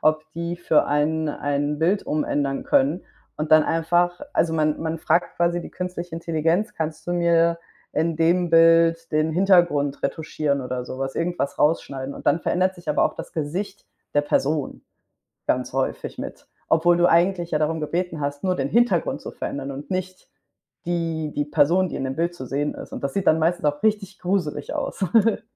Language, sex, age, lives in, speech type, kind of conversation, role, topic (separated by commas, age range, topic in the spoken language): German, female, 25-29, Spain, conversation, unstructured, none, Was denkst du über künstliche Intelligenz?